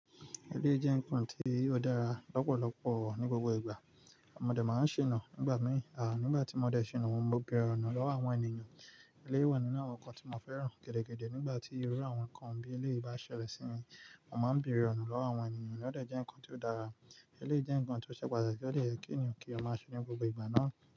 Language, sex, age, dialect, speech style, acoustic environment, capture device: Yoruba, male, 25-34, Nigeria - Nigerian Standard, excited, nature, mobile / Linux / Chrome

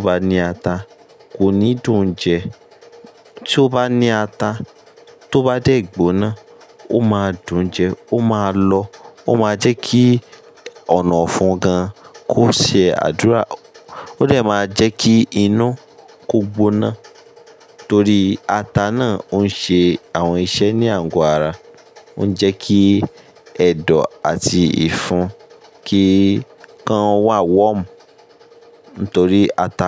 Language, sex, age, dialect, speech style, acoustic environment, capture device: Yoruba, male, 25-34, Nigeria - Ibadan, stressed, construction; appliances, mobile / Linux / Chrome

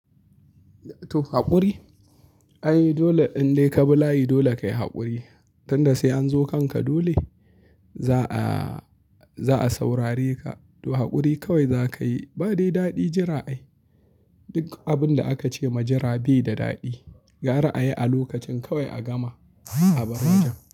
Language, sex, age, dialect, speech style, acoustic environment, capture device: Hausa, male, 25-34, Nigeria - Kano, happy; anxious; relaxed; tired; stressed; focused; excited; nervous, people talking; music; nature; silence; traffic; appliances; construction, mobile / Linux / Chrome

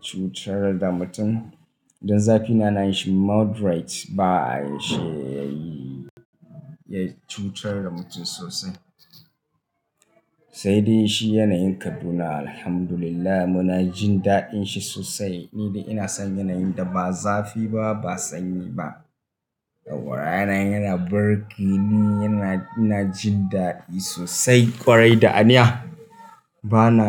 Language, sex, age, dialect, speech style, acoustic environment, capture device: Hausa, male, 25-34, Nigeria - Kano, happy, people talking, mobile / Linux / Chrome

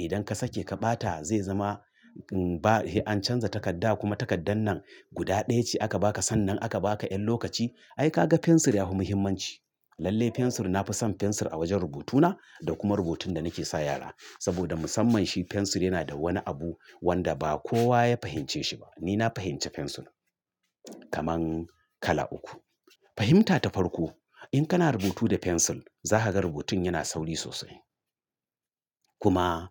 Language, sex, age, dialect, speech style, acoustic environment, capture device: Hausa, male, 45-54, Nigeria - Kano, nervous; excited; stressed; focused; relaxed; tired; anxious; happy, traffic; construction; appliances; silence; nature; music; people talking, mobile / Linux / Chrome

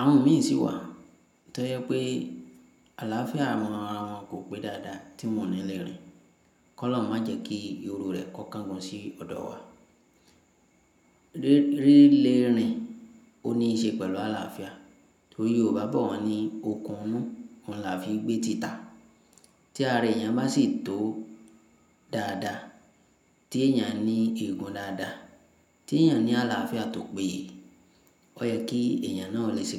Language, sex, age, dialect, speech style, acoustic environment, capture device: Yoruba, female, 25-34, Nigeria - Ibadan, happy; relaxed; focused, silence, mobile / Linux / Chrome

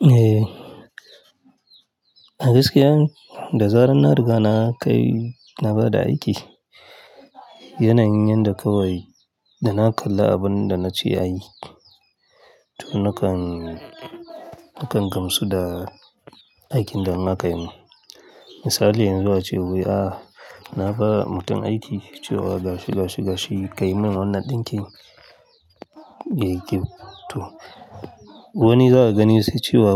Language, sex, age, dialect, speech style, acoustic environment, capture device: Hausa, male, 35-44, Nigeria - Kano, happy, silence, mobile / Linux / Chrome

